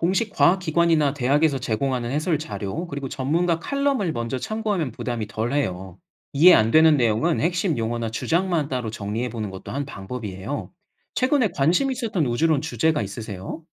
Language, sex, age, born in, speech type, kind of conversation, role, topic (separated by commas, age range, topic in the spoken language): Korean, male, 30-34, South Korea, read, expert-assistant, expert, 우주론에서 어떻게 사실과 이론을 구분할 수 있을까요?